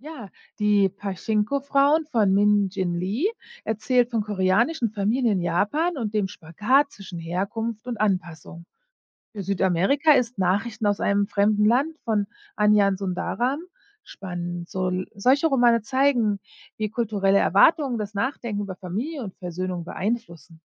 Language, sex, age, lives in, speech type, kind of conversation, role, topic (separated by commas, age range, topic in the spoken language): German, female, 40-44, United States, read, expert-assistant, expert, Wie kann das Lesen verschiedener Geschichten aus aller Welt meine Sicht auf das Leben verändern?